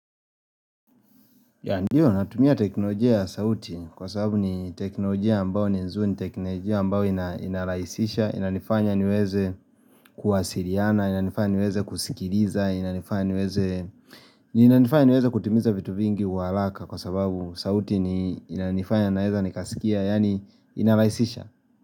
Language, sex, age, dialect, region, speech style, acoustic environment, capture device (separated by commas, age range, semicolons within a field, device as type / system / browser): Swahili, male, 25-34, Kenya - Nairobi Sheng-influenced, Kenya, happy; relaxed, silence, mobile / Linux / Chrome